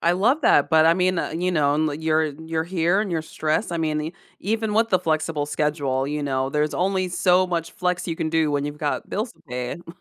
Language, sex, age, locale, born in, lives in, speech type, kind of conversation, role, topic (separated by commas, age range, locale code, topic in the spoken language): English, female, 35-39, en-US, United States, United States, conversation, advice, advisor, How can I manage stress without burning out?